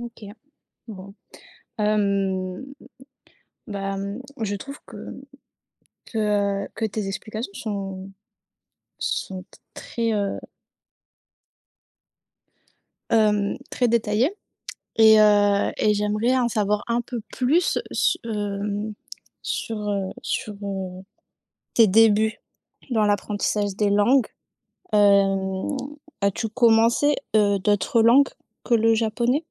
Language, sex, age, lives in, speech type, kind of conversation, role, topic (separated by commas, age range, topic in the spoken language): French, female, 25-29, France, conversation, podcast, host, Quel impact le numérique a-t-il sur l’apprentissage ?